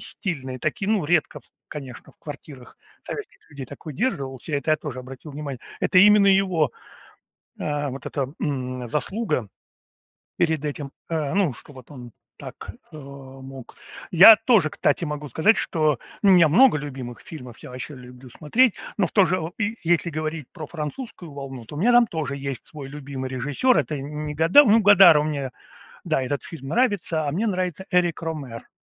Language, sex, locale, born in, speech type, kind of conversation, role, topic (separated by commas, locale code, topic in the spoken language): Russian, male, ru-RU, Russia, conversation, unstructured, none, Какой фильм вам нравится больше всего и почему?